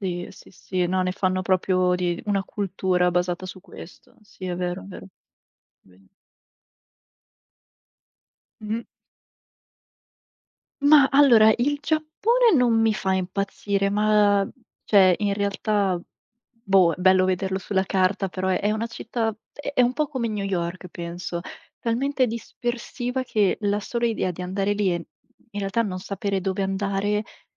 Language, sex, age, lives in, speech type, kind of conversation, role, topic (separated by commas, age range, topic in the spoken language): Italian, female, 25-29, Italy, conversation, unstructured, none, Qual è il viaggio dei tuoi sogni e perché?